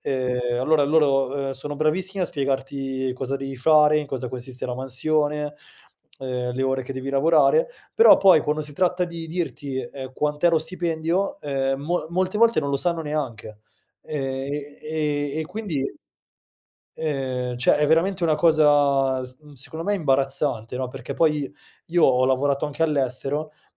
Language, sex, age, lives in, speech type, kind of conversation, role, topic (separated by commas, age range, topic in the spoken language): Italian, male, 30-34, Italy, conversation, podcast, guest, Come si parla di stipendio senza imbarazzo?